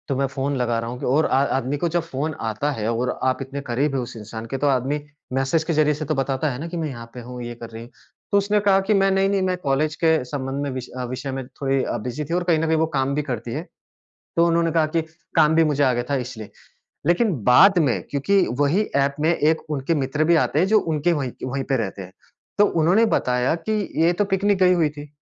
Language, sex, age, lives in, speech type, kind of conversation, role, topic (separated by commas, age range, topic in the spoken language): Hindi, male, 30-34, India, conversation, advice, user, मैं अपने नए रिश्ते में भरोसा और विश्वास कैसे बना सकता/सकती हूँ?